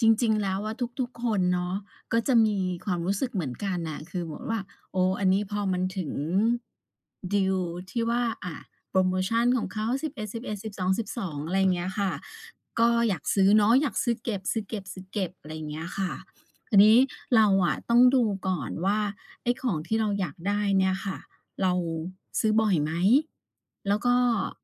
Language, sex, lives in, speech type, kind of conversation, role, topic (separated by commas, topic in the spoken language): Thai, female, Thailand, conversation, advice, advisor, คุณเคยซื้อของที่ไม่จำเป็นเพราะกลัวพลาดโปรโมชั่นหรือดีลดีๆ แล้วเอามาเก็บไว้ไหม?